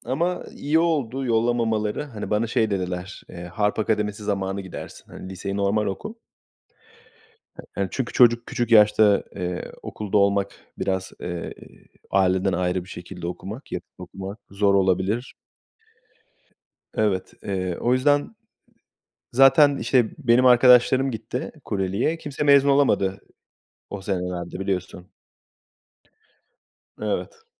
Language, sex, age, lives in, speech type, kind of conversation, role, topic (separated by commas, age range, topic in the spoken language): Turkish, male, 30-34, Portugal, conversation, unstructured, none, Eğitim hayatında en çok sevdiğin ders hangisi?